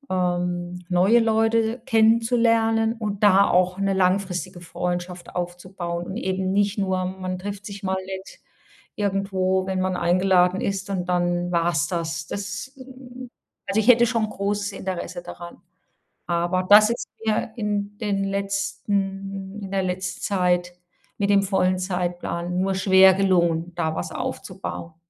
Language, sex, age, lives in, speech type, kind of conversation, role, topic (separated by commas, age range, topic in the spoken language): German, female, 55-59, Germany, conversation, advice, user, Wie kann ich langfristige Freundschaften trotz eines vollen Zeitplans pflegen?